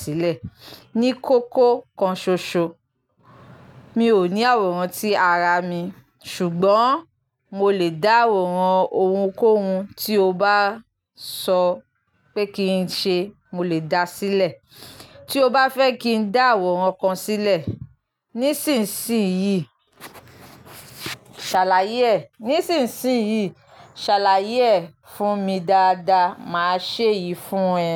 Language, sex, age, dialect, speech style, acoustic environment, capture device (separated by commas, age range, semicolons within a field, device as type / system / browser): Yoruba, female, 25-34, Nigeria - Lagos Yoruba, happy, nature; silence, mobile / Linux / Chrome